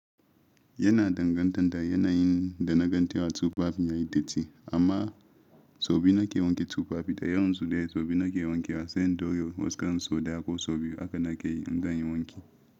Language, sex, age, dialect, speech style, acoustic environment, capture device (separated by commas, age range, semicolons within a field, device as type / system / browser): Hausa, male, 25-34, Nigeria - Ibadan, happy, silence, mobile / Linux / Chrome